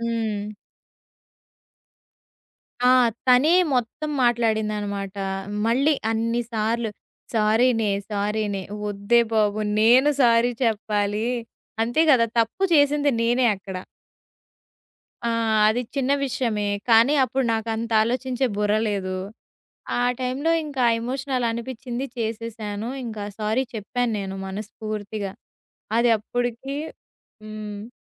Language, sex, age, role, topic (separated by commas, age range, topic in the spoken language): Telugu, female, 20-24, guest, పాత స్నేహితులతో మళ్లీ బంధం ఏర్పరచుకోవడానికి మీరు ఎలా మొదలుపెడతారు?